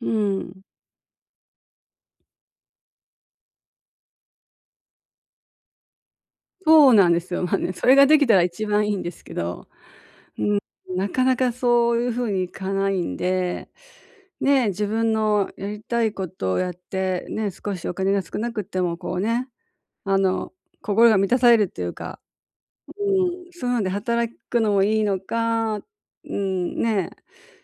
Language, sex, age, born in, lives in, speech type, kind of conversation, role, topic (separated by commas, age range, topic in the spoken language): Japanese, female, 60-64, Japan, Japan, conversation, advice, user, キャリアチェンジをいつすべきか、どう判断すればよいですか？